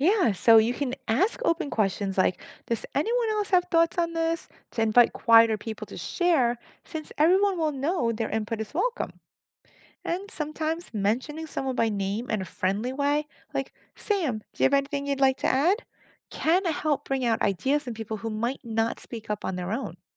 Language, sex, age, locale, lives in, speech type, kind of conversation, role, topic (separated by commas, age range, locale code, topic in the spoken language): English, female, 50-54, en-US, United States, read, expert-assistant, expert, Why is it important to ask questions?